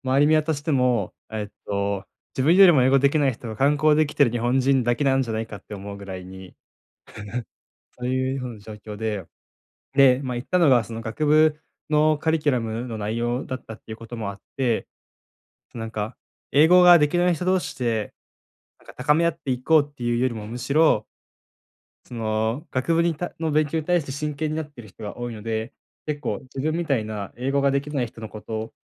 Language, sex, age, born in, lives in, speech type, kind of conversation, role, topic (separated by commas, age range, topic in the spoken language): Japanese, male, 20-24, Japan, Japan, conversation, advice, user, 失敗から何を学び、次にどう方向転換すべきですか？